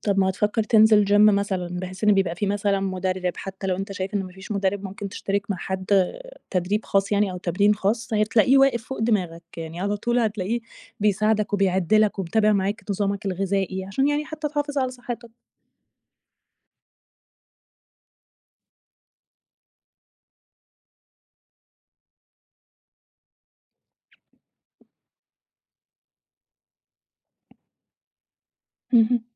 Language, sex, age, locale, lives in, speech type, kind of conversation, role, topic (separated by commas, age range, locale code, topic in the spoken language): Arabic, female, 30-34, ar-EG, Egypt, conversation, advice, advisor, بتحس بإيه من ناحية الذنب لما بتبطل تتمرن فترة؟